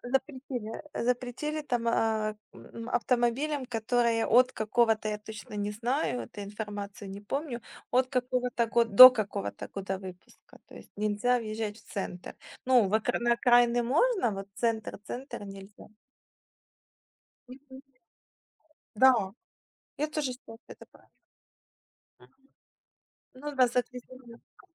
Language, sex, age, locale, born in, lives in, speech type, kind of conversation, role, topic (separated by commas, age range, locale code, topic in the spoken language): Russian, female, 35-39, ru-UA, Ukraine, Spain, conversation, unstructured, none, Как вы относитесь к использованию автомобиля по сравнению с общественным транспортом?